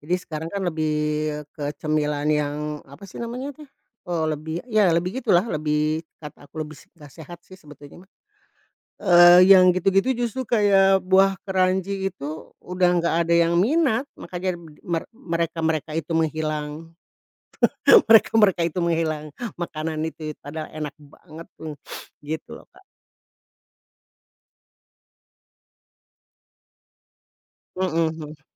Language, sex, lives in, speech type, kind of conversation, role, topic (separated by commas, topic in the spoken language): Indonesian, female, Indonesia, conversation, podcast, guest, Camilan masa kecil apa yang sampai sekarang belum pernah kamu temukan lagi?